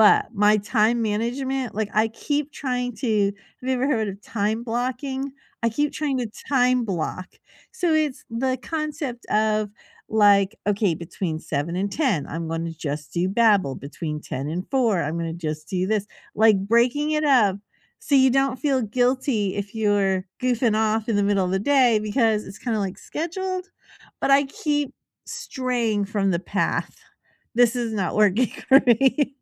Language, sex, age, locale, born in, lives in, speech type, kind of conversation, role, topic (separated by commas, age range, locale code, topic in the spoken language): English, female, 50-54, en-US, United States, United States, conversation, unstructured, none, What small habit really changed your daily routine?